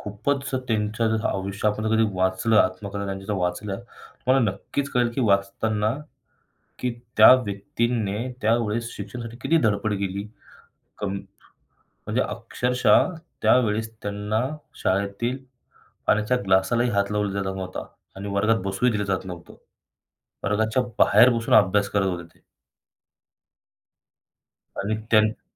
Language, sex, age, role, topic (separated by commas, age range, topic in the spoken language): Marathi, male, 25-29, guest, पूर्वजांच्या प्रवासाच्या कथांचा आपल्या आयुष्यावर किती प्रभाव पडतो?